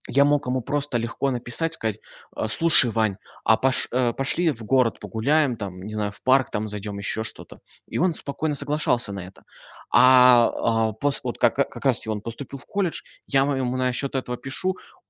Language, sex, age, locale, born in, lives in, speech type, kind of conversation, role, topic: Russian, male, 20-24, ru-RU, Russia, Germany, conversation, advice, user, Как возобновить дружбу после долгой паузы?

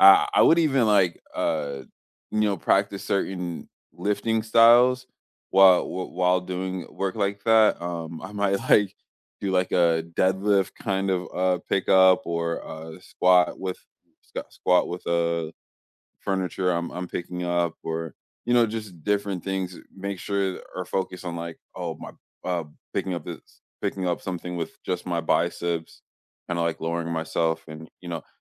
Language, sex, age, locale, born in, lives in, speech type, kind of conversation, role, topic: English, male, 25-29, en-US, United States, United States, conversation, unstructured, none, What is the most unusual benefit of regular physical activity?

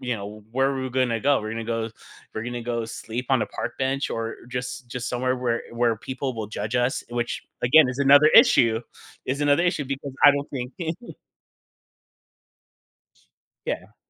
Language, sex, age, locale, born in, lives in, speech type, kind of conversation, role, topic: English, male, 40-44, en-US, United States, United States, conversation, podcast, guest, Why is getting enough sleep essential for our overall well-being?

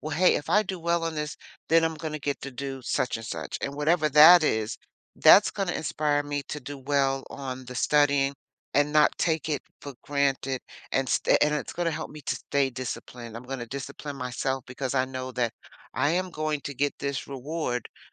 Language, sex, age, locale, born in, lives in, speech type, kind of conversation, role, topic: English, female, 60-64, en-US, United States, United States, conversation, podcast, guest, How can we make learning feel more enjoyable and less like a chore?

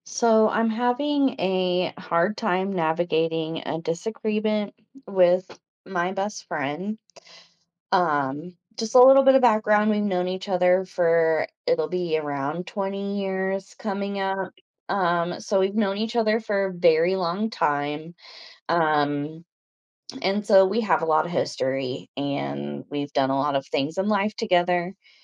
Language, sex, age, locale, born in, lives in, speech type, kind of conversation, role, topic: English, female, 30-34, en-US, United States, United States, conversation, advice, user, How can I resolve a disagreement with a close friend and repair our relationship?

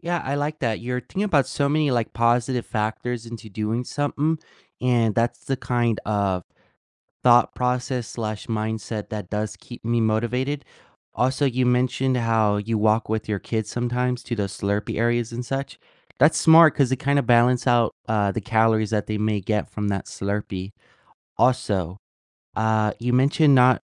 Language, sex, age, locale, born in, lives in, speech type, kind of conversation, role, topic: English, male, 20-24, en-US, United States, United States, conversation, unstructured, none, How do you make exercise fun in your daily life?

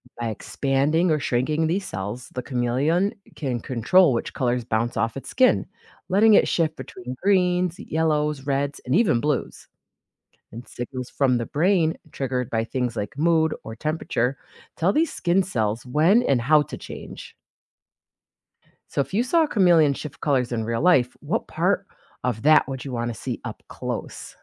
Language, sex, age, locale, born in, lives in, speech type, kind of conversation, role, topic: English, female, 40-44, en-US, United States, United States, read, expert-assistant, expert, What makes a chameleon change its color?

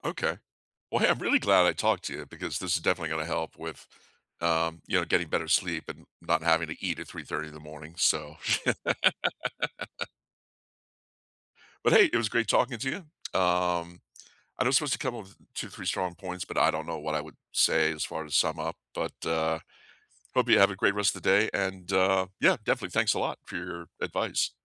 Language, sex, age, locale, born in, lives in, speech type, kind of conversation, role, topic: English, male, 55-59, en-US, United States, United States, conversation, unstructured, none, What sleep rituals have actually helped you drift off?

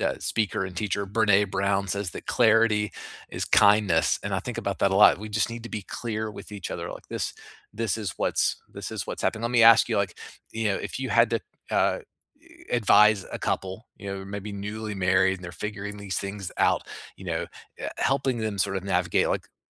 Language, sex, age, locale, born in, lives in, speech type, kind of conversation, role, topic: English, male, 45-49, en-US, United States, United States, conversation, unstructured, none, Do clearly defined relationship rules prevent fights, or do they undermine spontaneity and intimacy?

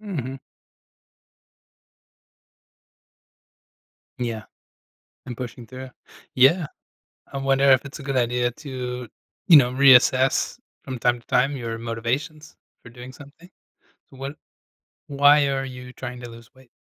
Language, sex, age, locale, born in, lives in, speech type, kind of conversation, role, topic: English, male, 35-39, en-US, United States, United States, conversation, advice, advisor, How can I stay committed to long-term goals after setbacks?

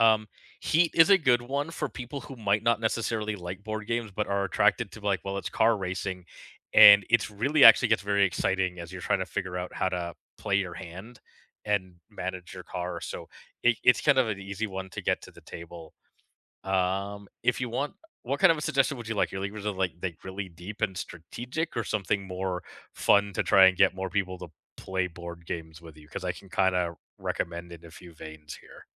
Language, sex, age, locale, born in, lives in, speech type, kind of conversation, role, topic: English, male, 40-44, en-CA, Canada, Canada, conversation, unstructured, none, Which type of game do you prefer for game night—board games, video games, or party games—and what makes that choice especially fun with friends?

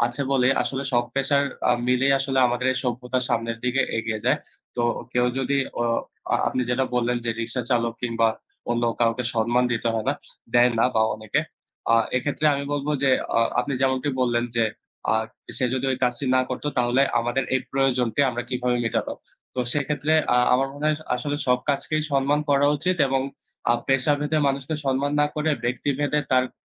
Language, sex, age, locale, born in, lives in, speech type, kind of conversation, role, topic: Bengali, male, 20-24, bn-BD, Bangladesh, Bangladesh, conversation, unstructured, none, কীভাবে অন্যদের প্রতি সম্মান দেখানো যায়?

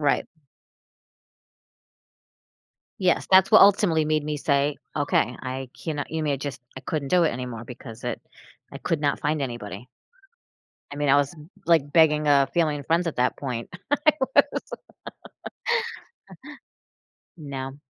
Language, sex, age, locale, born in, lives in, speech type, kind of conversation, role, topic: English, female, 45-49, en-US, United States, United States, conversation, advice, user, How can I reduce stress at work without it affecting my personal life?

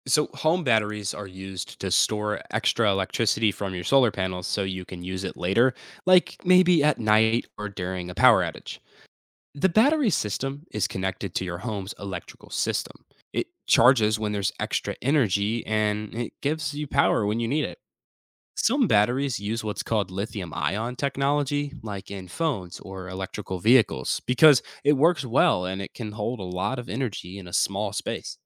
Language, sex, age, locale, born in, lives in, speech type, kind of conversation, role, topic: English, male, 18-19, en-US, United States, United States, read, expert-assistant, expert, How do solar panels work and what happens to them over their lifespan?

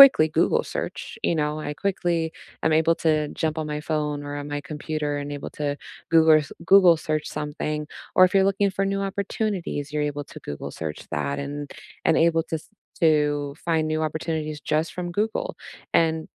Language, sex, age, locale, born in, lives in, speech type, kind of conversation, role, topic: English, female, 30-34, en-US, United States, United States, conversation, unstructured, none, How has technology changed the way you learn, focus, and connect?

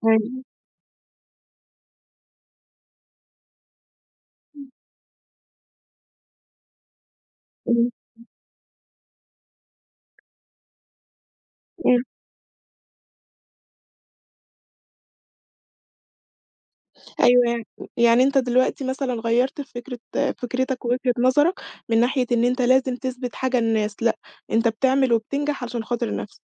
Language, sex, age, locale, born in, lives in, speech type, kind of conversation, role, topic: Arabic, female, 20-24, ar-EG, Egypt, Egypt, conversation, podcast, host, إزاي بتتعامل مع توقعات المجتمع للنجاح؟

